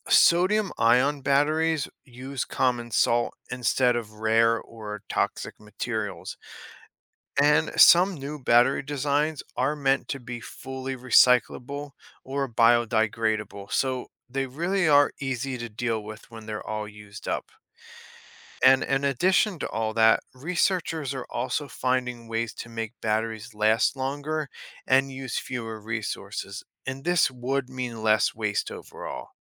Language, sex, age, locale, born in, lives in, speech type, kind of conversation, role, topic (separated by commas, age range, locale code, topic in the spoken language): English, male, 35-39, en-US, United States, United States, read, expert-assistant, expert, How does a battery store and release energy?